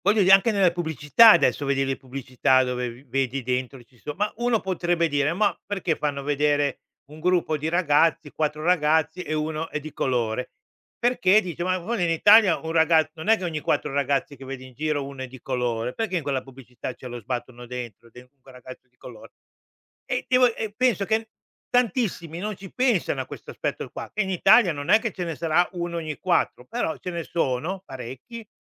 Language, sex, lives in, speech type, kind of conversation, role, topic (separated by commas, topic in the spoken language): Italian, male, Italy, conversation, podcast, host, Quando hai capito che la rappresentazione conta davvero?